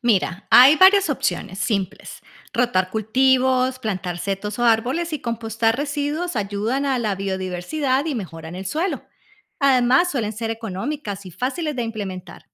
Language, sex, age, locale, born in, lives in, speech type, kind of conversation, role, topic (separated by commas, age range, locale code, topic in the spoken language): Spanish, female, 50-54, es-CO, Colombia, United States, read, expert-assistant, expert, ¿Qué ideas sencillas se te ocurren para que una granja sea más amigable con el planeta?